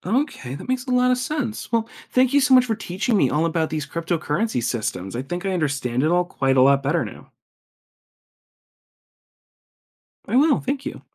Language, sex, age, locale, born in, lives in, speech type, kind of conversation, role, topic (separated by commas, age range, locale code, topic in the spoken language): English, male, 25-29, en-US, United States, United States, read, expert-assistant, asker, How do payment systems like cryptocurrency work in everyday life?